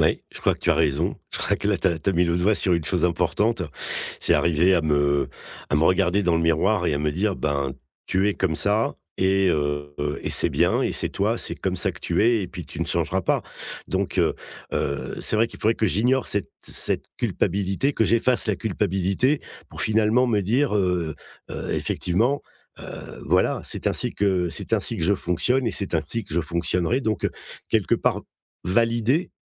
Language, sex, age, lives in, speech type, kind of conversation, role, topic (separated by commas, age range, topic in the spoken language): French, male, 55-59, France, conversation, advice, user, Comment gérer la culpabilité que je ressens quand je ralentis et que je prends du repos ?